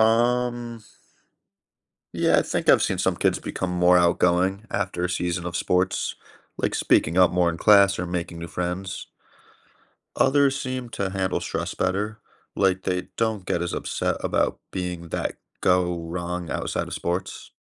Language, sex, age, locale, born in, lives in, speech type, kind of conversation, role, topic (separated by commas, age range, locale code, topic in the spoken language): English, male, 30-34, en-US, United States, United States, read, expert-assistant, asker, How do sports teach important life skills?